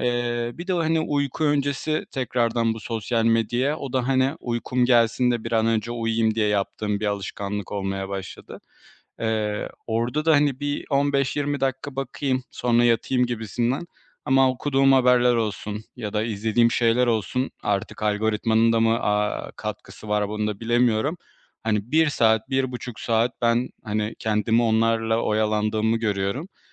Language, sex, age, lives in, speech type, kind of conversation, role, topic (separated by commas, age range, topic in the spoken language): Turkish, male, 25-29, Poland, conversation, advice, user, Ekran süremi azaltmakta zorlanıyor ve odaklanma sorunları mı yaşıyorum?